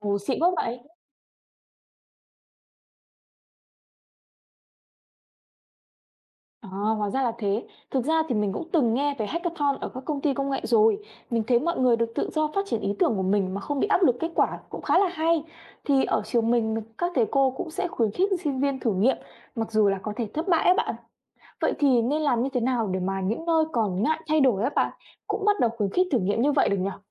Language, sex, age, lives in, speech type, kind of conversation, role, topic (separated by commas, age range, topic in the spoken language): Vietnamese, female, 20-24, Vietnam, read, expert-assistant, asker, Nếu trong đội cứu hộ có ý kiến bất đồng về cách xử lý tình huống, làm sao để giải quyết và đưa ra quyết định nhanh chóng?